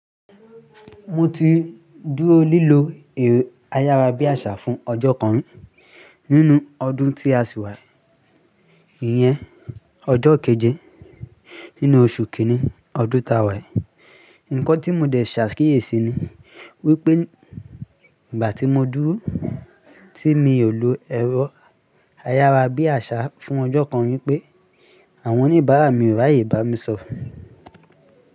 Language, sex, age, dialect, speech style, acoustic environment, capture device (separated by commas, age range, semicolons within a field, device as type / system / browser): Yoruba, male, 18-24, Nigeria - Lagos Yoruba, relaxed; excited, music; people talking; construction; traffic; appliances, mobile / Linux / Chrome